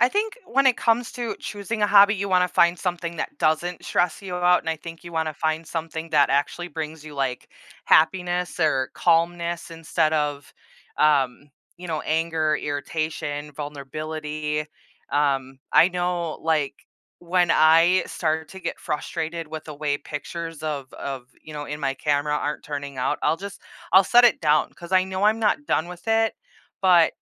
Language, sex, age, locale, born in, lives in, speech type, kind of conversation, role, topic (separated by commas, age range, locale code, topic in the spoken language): English, female, 30-34, en-US, United States, United States, conversation, podcast, guest, What motivates people to try new hobbies and interests?